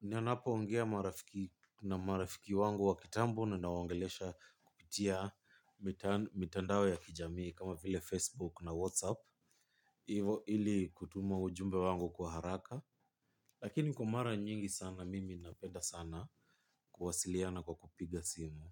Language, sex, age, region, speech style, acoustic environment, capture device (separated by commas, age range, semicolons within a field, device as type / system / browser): Swahili, male, 25-34, Kenya, relaxed; focused, silence, mobile / Linux / Chrome